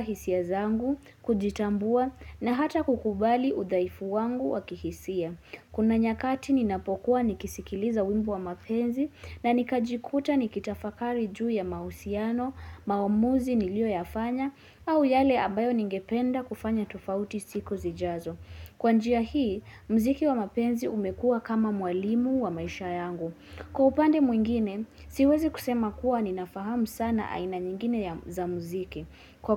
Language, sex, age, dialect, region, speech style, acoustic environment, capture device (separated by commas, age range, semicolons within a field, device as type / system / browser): Swahili, female, 18-24, Kenya - Nairobi Sheng-influenced, Kenya, focused, silence, mobile / Linux / Chrome